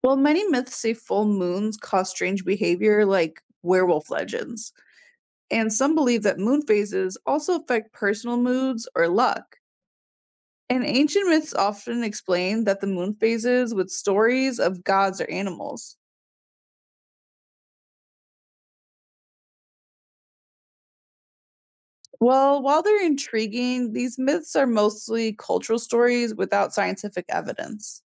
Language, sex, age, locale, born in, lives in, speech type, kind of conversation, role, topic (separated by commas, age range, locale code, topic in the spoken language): English, female, 20-24, en-US, United States, United States, read, expert-assistant, expert, What is the significance of the moon phases?